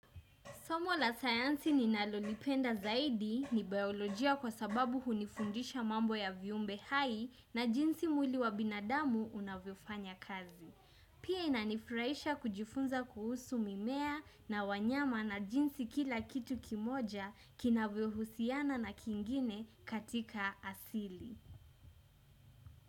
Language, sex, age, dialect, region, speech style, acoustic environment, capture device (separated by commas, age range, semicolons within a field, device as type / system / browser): Swahili, female, 18-24, Kenya - Mombasa, Kenya, relaxed, construction, desktop / Windows / Chrome